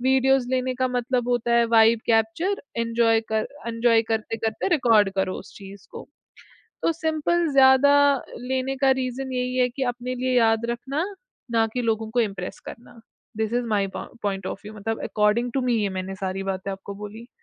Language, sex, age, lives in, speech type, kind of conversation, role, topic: Hindi, female, 20-24, India, conversation, podcast, guest, Kya tum concerts mein photos ya videos zyada lete ho, kyun?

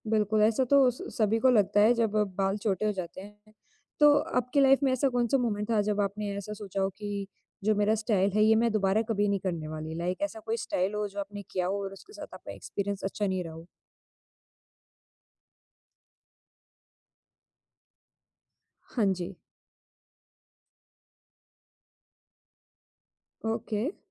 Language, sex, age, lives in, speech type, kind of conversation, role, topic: Hindi, female, 20-24, India, conversation, podcast, host, Kya kabhi aisa koi pal aaya hai jab tumne apna स्टाइल बदलने का फैसला किया हो?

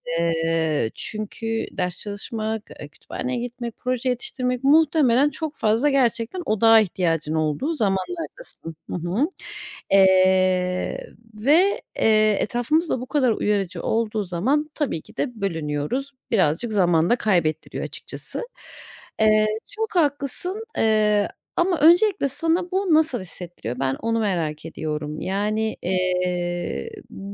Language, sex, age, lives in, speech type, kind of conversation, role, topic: Turkish, female, 30-34, Germany, conversation, advice, advisor, Sık kesintiler yüzünden odaklanmakta neden zorlanıyorum?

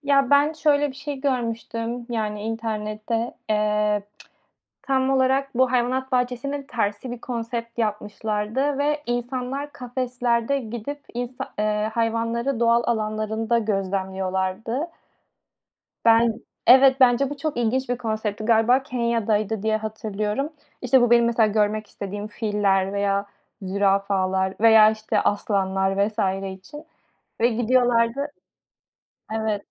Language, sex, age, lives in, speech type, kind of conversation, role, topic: Turkish, female, 25-29, Spain, conversation, unstructured, none, Doğada en çok görmek istediğin hayvan hangisi?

